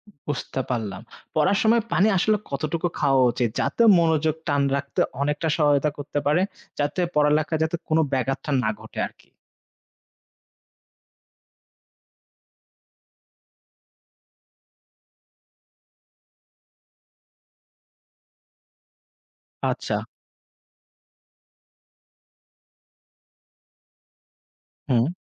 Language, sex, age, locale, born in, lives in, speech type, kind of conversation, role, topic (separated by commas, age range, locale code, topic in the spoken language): Bengali, male, 25-29, bn-BD, Bangladesh, Finland, read, expert-assistant, asker, পরীক্ষার জন্য ভালোভাবে প্রস্তুতি নেওয়ার কৌশল কী